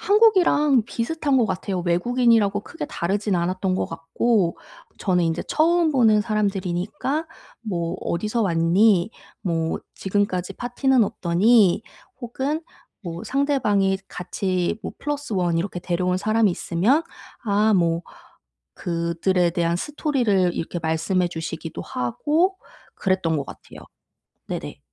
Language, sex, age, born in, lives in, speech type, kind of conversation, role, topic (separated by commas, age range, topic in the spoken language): Korean, female, 30-34, South Korea, South Korea, conversation, advice, user, 파티에서 소외감을 느끼고 불편할 때 어떻게 대처하면 좋을까요?